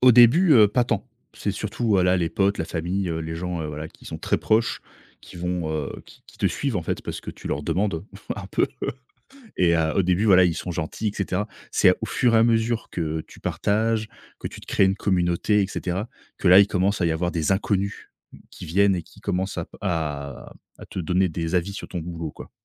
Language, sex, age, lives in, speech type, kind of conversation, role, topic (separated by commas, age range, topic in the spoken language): French, male, 30-34, France, conversation, podcast, guest, Pourquoi partager ton travail t’aide-t-il à grandir sur le plan créatif ?